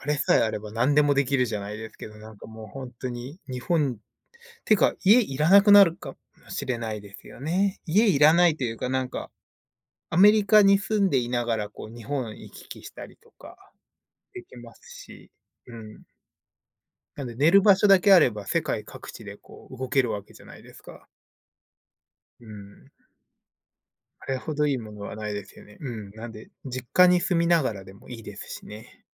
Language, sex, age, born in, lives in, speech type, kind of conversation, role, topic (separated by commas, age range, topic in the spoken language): Japanese, male, 30-34, Japan, United States, conversation, unstructured, none, 好きな映画の世界に入れるとしたら、どの映画を選びますか？